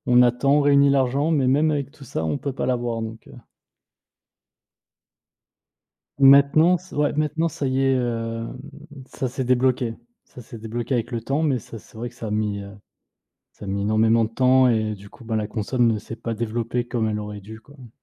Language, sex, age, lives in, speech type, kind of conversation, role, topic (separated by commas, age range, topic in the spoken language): French, male, 30-34, France, conversation, unstructured, none, As-tu déjà fait un achat important dont tu es fier ?